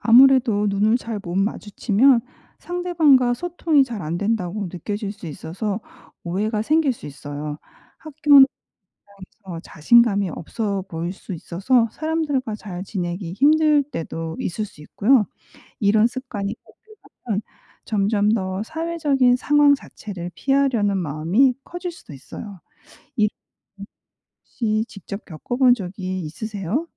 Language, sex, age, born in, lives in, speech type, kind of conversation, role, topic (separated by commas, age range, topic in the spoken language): Korean, female, 45-49, South Korea, United States, read, expert-assistant, expert, 왜 우리는 누군가와 눈이 마주치면 민망함을 느낄까요?